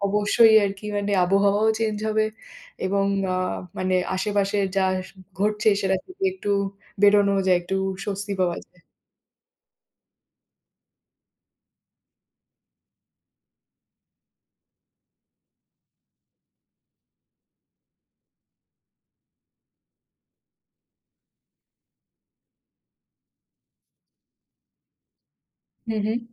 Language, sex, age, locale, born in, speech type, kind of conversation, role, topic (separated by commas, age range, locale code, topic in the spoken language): Bengali, female, 30-34, bn-IN, India, conversation, unstructured, none, আপনি কি মনে করেন ভ্রমণ মন খারাপ কাটাতে সাহায্য করে?